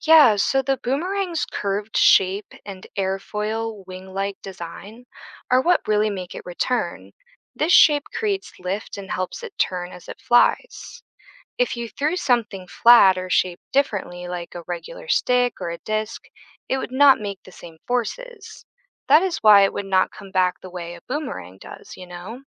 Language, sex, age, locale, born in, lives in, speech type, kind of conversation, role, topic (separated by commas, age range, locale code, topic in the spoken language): English, female, 25-29, en-US, United States, United States, read, expert-assistant, expert, How does a boomerang return to the thrower?